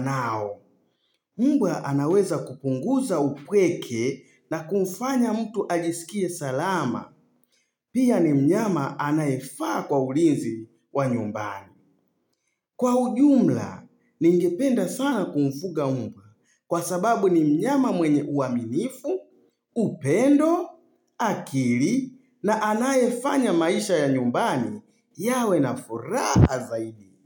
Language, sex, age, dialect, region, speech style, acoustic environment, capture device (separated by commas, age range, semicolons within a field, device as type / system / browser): Swahili, male, 35-44, Tanzania - Dar es Salaam, Tanzania, relaxed; focused; excited; happy, silence, mobile / Linux / Chrome